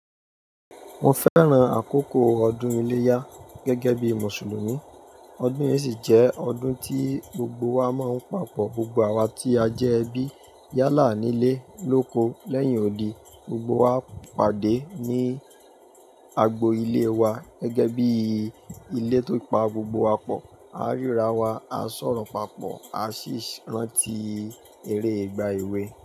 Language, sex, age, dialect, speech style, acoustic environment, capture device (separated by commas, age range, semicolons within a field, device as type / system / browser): Yoruba, male, 25-34, Nigeria - Ibadan, happy, people talking, mobile / Linux / Chrome